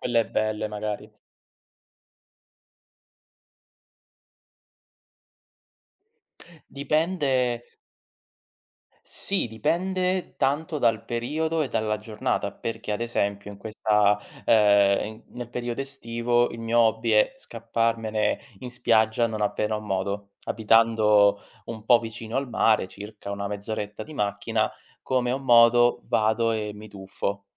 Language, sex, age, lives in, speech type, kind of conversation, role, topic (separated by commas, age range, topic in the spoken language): Italian, male, 30-34, Italy, conversation, unstructured, none, Quali hobby ti aiutano a rilassarti dopo una giornata intensa?